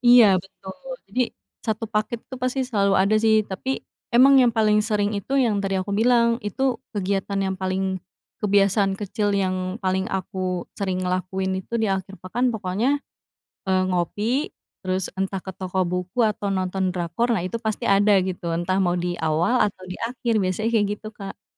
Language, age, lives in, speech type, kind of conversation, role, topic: Indonesian, 25-29, Indonesia, conversation, podcast, guest, Apa kebiasaan kecil yang membuat akhir pekanmu jadi lebih berkesan?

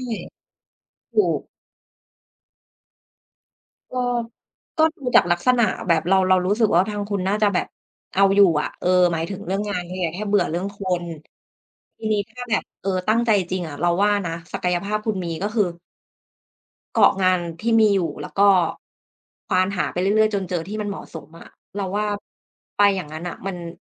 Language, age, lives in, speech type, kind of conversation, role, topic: Thai, 30-34, Thailand, conversation, unstructured, none, เคยรู้สึกไหมว่าความเศร้าทำให้คุณกลัวอนาคต?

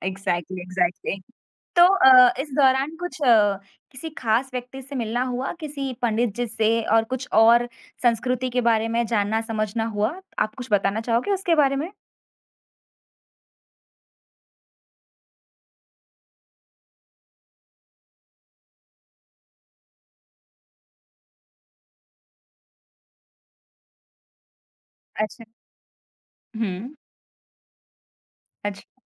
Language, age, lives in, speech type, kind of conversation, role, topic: Hindi, 25-29, India, conversation, podcast, host, Kya tum kisi cultural ya religious jagah par hui aisi mulaqat ke baare mein bata sakte ho, jisne tumhe spiritual feel karaya?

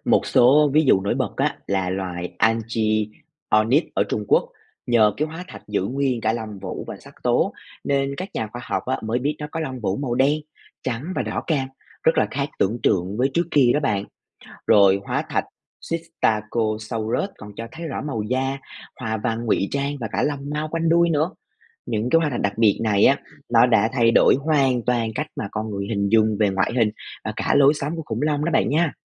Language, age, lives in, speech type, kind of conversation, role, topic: Vietnamese, 25-29, Vietnam, read, expert-assistant, expert, Hóa thạch hình thành như thế nào và giữ được chi tiết khủng long ra sao?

